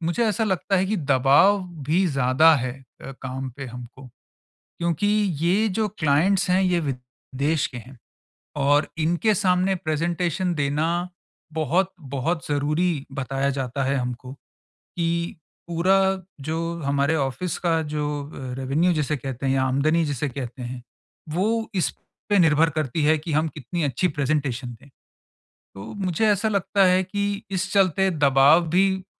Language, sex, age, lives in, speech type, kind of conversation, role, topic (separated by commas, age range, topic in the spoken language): Hindi, male, 45-49, India, conversation, advice, user, मैं समूह में बिना घबराहट आत्मविश्वास से कैसे बोल सकता/सकती हूँ?